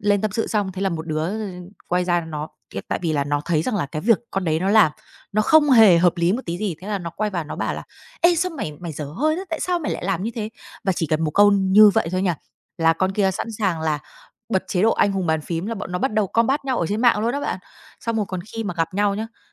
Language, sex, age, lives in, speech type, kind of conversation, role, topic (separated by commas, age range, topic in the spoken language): Vietnamese, female, 30-34, Vietnam, conversation, advice, user, Bạn nên làm gì khi cảm thấy căng thẳng vì nhóm bạn có quan điểm trái ngược?